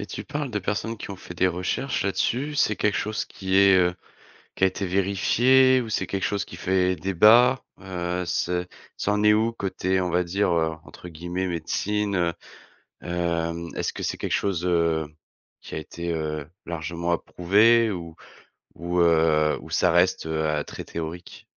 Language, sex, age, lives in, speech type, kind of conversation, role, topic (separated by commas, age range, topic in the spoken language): French, male, 30-34, France, conversation, podcast, host, Qu’est-ce que t’apporte le fait de marcher pieds nus dans l’herbe ?